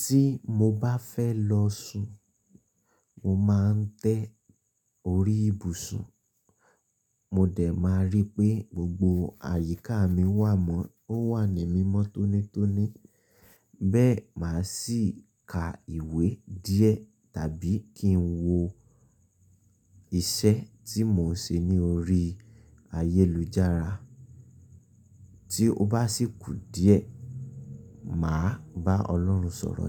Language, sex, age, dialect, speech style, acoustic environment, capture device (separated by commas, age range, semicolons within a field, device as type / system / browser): Yoruba, male, 25-34, Nigeria - Ibadan, focused, silence, mobile / Linux / Chrome